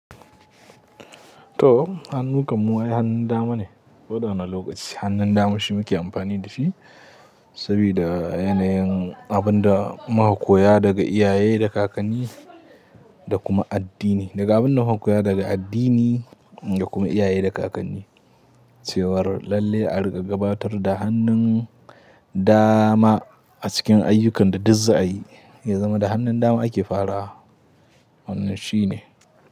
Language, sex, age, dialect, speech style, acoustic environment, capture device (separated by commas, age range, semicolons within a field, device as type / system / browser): Hausa, male, 18-24, Nigeria - Katsina, anxious; tired; happy; relaxed; nervous; excited; focused; stressed, people talking; music; nature; silence; traffic; construction; appliances, mobile / Linux / Chrome